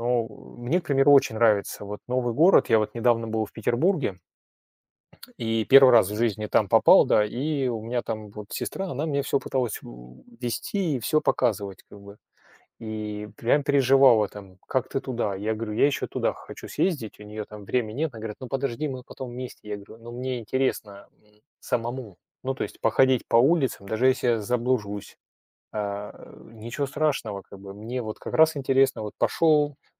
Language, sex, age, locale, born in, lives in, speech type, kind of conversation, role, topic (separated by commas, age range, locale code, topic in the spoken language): Russian, male, 45-49, ru-RU, Russia, Germany, conversation, unstructured, none, Что для тебя важнее в путешествии — комфорт или приключения?